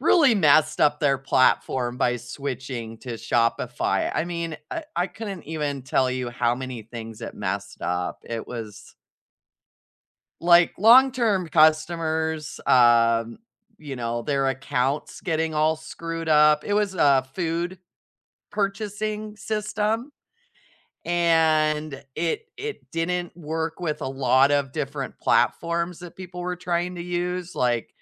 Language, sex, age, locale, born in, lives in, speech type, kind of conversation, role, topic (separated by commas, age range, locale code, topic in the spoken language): English, female, 40-44, en-US, United States, United States, conversation, unstructured, none, What’s something surprising you’ve learned while working toward a goal?